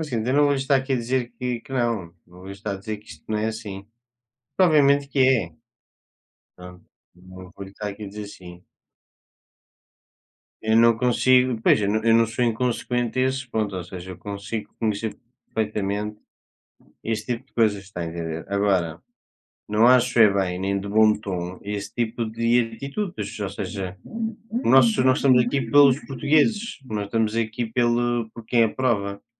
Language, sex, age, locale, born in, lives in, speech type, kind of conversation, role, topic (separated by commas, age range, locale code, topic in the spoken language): Portuguese, male, 20-24, pt-PT, Portugal, Portugal, conversation, unstructured, none, Qual é a sua opinião sobre o sistema de governo atual?